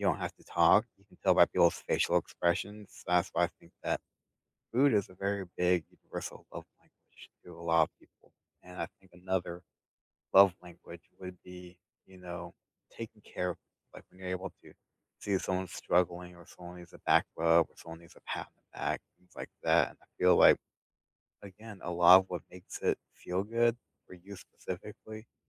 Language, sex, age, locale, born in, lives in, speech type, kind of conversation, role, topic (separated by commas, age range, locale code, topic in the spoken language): English, male, 30-34, en-US, United States, United States, conversation, unstructured, none, What actually feels good to you when your love language is in action?